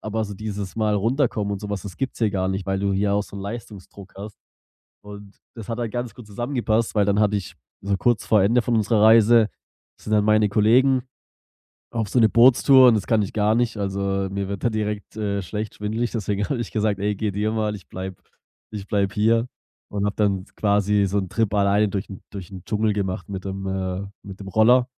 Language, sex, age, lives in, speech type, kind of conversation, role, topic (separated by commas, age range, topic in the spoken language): German, male, 25-29, Germany, conversation, podcast, guest, Welche Reise hat dich persönlich am meisten verändert?